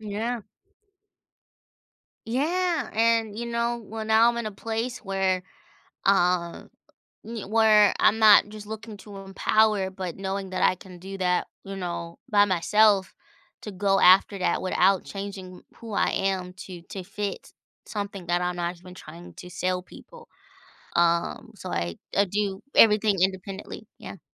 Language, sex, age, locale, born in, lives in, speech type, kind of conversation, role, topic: English, female, 30-34, en-US, United States, United States, conversation, podcast, guest, How does music shape your everyday experiences and emotions?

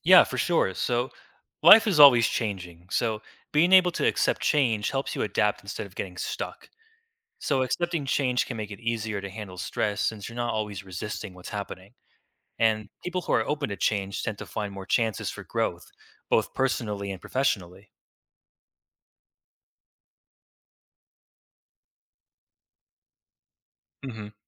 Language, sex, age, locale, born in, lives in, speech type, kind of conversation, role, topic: English, male, 20-24, en-US, United States, United States, read, expert-assistant, expert, Why is it important to accept change in life?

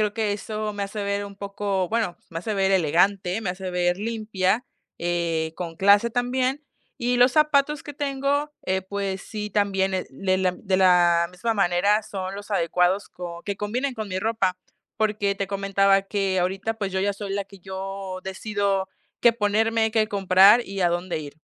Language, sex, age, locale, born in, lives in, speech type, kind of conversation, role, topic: Spanish, female, 20-24, es-MX, Mexico, Mexico, conversation, podcast, guest, ¿Cómo ha influido tu familia en tu estilo?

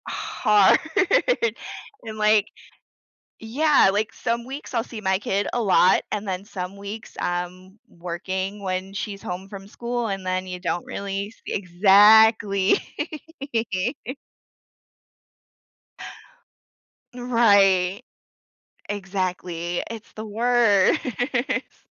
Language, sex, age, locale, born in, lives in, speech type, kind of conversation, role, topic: English, female, 30-34, en-US, United States, United States, conversation, advice, user, How can I balance long work hours and exhaustion so I can spend more quality time with my family?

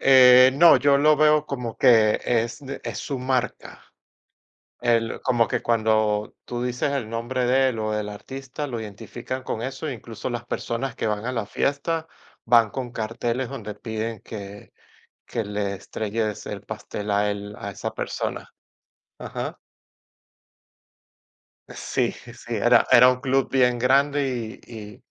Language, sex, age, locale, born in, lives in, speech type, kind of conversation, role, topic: Spanish, male, 40-44, es-VE, Venezuela, United States, conversation, unstructured, none, ¿Has tenido alguna experiencia divertida en una fiesta cultural?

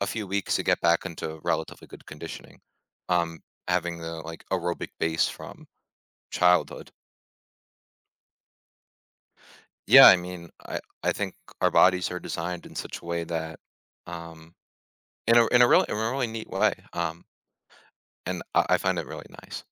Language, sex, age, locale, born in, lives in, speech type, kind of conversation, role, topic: English, male, 20-24, en-US, United States, United States, conversation, podcast, guest, How can pursuing a hobby shape your personal growth and outlook on life?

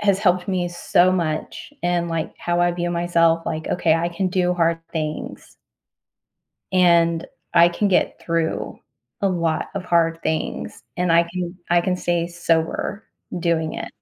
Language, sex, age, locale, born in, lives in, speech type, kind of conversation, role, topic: English, female, 40-44, en-US, United States, United States, conversation, unstructured, none, How has overcoming a fear changed your perspective or confidence?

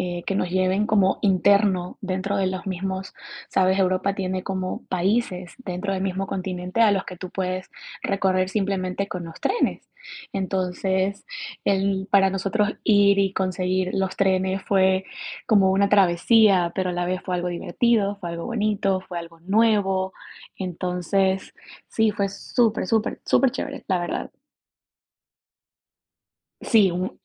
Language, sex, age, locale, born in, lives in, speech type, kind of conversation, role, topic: Spanish, female, 25-29, es-EC, Ecuador, United States, conversation, podcast, guest, ¿Cuál es tu recuerdo de viaje favorito?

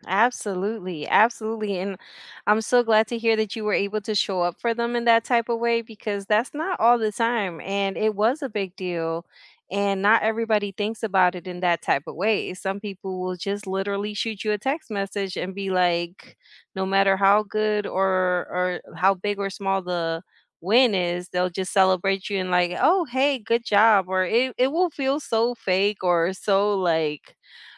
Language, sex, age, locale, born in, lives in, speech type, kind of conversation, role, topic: English, female, 40-44, en-US, United States, United States, conversation, unstructured, none, How do you celebrate each other’s wins, big and small?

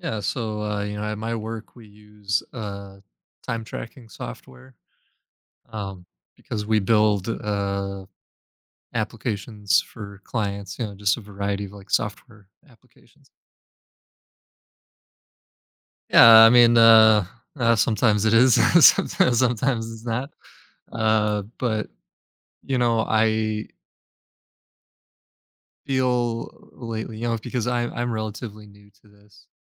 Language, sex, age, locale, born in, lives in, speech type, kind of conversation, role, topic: English, male, 35-39, en-US, United States, United States, conversation, advice, user, How can I manage overwhelming work deadlines?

